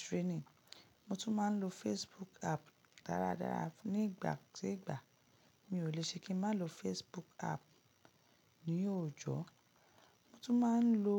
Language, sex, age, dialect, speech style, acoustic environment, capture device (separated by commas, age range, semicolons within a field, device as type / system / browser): Yoruba, female, 35-44, Nigeria - Lagos Yoruba, relaxed, nature, mobile / Linux / Chrome